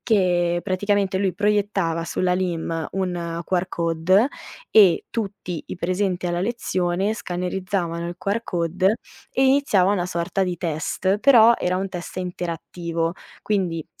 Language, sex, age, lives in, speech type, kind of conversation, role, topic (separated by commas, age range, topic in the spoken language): Italian, female, 25-29, Italy, conversation, podcast, guest, Come si può rendere una lezione più coinvolgente per gli studenti?